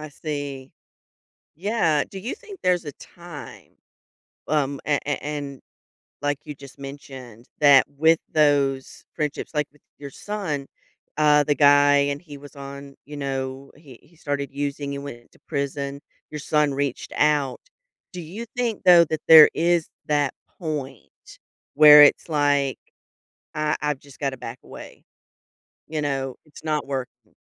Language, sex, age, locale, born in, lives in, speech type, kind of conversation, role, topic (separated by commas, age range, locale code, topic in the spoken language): English, female, 45-49, en-US, United States, United States, conversation, podcast, host, What qualities help friendships last through life's changes?